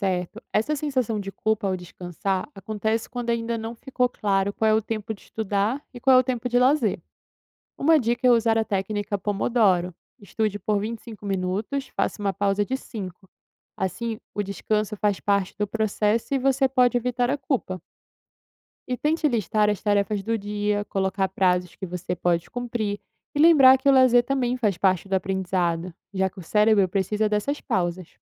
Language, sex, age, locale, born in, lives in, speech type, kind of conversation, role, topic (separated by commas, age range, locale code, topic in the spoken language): Portuguese, female, 20-24, pt-BR, Brazil, Italy, read, expert-assistant, expert, Como equilibrar estudos e lazer de maneira saudável